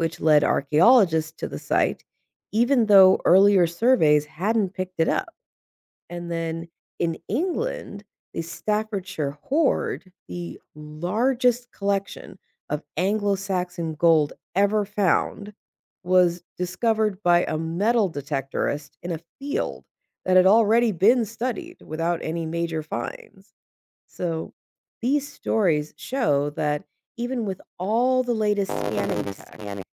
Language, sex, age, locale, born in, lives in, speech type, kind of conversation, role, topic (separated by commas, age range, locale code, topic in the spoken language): English, female, 35-39, en-US, United States, United States, read, expert-assistant, expert, What new discoveries or technologies could change how we study ancient human societies?